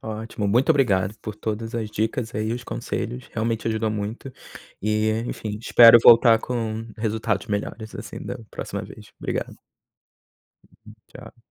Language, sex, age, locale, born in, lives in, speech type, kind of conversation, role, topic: Portuguese, male, 30-34, pt-BR, Brazil, United States, conversation, advice, user, Como lidar com a perda de motivação quando você não vê resultados?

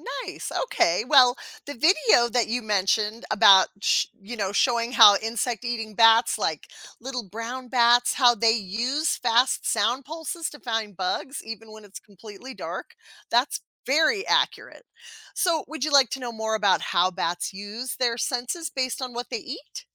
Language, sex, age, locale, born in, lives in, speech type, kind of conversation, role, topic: English, female, 50-54, en-US, United States, United States, read, expert-assistant, expert, How do bats use echolocation to find food?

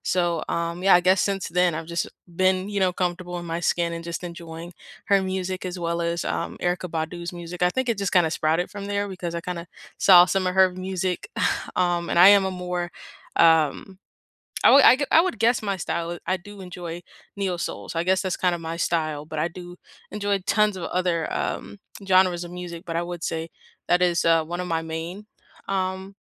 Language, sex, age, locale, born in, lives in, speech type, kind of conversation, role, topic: English, female, 18-19, en-US, United States, United States, conversation, unstructured, none, Which series or artist first sparked your obsession, and how did it shape your tastes?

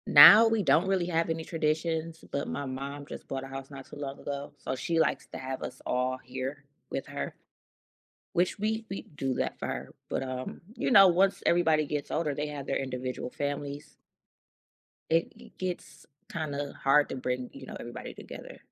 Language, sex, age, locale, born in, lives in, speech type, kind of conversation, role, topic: English, female, 35-39, en-US, United States, United States, conversation, unstructured, none, In what ways do holiday traditions impact your relationships with family members?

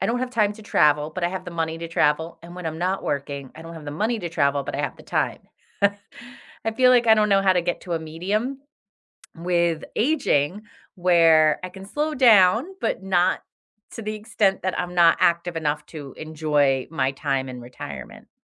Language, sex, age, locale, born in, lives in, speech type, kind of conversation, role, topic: English, female, 45-49, en-US, United States, United States, conversation, unstructured, none, How does the idea of slowing down physically make you feel?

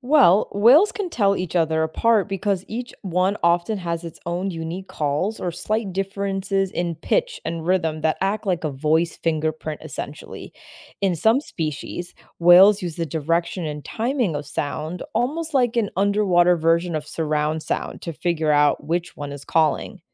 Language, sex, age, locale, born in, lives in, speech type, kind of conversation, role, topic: English, female, 25-29, en-US, United States, United States, read, expert-assistant, expert, How do whales communicate with each other across long distances?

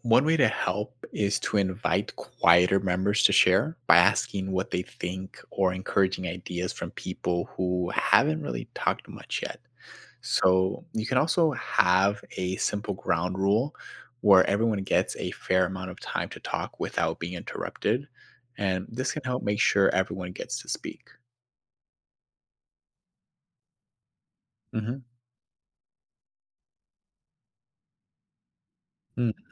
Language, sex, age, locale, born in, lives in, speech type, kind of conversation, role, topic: English, male, 25-29, en-US, United States, United States, read, expert-assistant, expert, What are signs that a group discussion is unproductive?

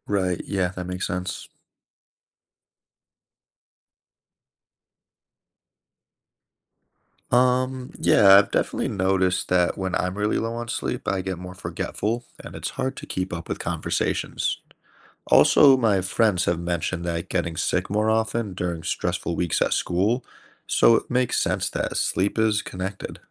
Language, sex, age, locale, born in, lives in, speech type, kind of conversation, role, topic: English, male, 30-34, en-US, United States, United States, read, expert-assistant, asker, How does sleep affect our health and well-being?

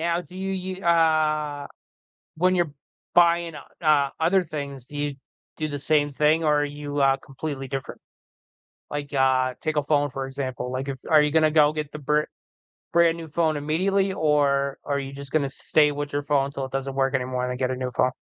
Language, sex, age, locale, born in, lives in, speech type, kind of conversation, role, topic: English, male, 40-44, en-US, United States, United States, conversation, unstructured, none, Should you keep your old car longer instead of upgrading to a newer, more efficient model?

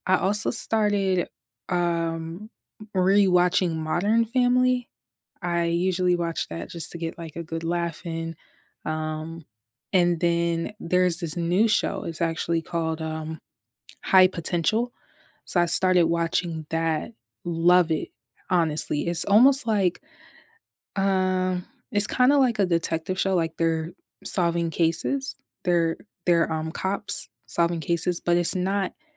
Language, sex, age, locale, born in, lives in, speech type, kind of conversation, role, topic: English, female, 25-29, en-US, United States, United States, conversation, unstructured, none, What was the last show you binge-watched, and what hooked you?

